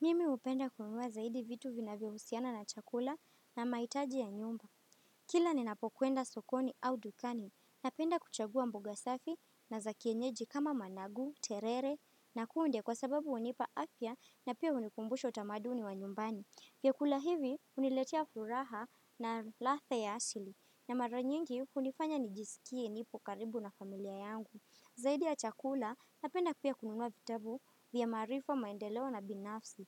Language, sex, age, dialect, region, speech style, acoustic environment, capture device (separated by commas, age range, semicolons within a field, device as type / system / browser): Swahili, female, 18-24, Kenya - Mombasa, Kenya, happy, people talking, desktop / Windows / Firefox